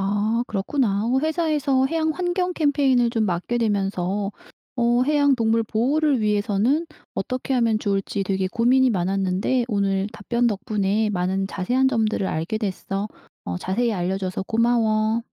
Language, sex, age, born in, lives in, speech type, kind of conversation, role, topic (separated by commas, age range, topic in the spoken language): Korean, female, 55-59, South Korea, South Korea, read, expert-assistant, asker, 해양 동물 보호를 위해 해양 청소와 플라스틱 줄이기, 어느 쪽이 더 효과적일까요?